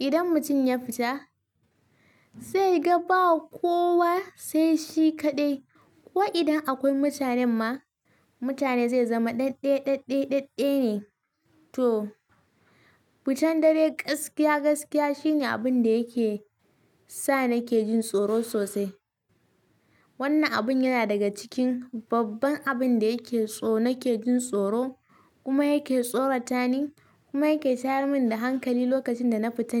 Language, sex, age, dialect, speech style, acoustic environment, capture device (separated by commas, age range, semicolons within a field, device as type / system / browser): Hausa, female, 18-24, Nigeria - Kano, happy, nature, mobile / Linux / Chrome